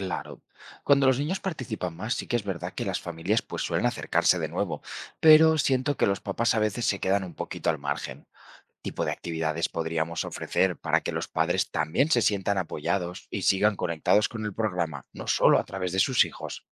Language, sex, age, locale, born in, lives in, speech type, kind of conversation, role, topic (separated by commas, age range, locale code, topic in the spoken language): Spanish, male, 25-29, es-ES, Spain, Spain, read, expert-assistant, asker, ¿Cómo podemos mejorar el apoyo y la motivación en familias vulnerables dentro de programas sociales?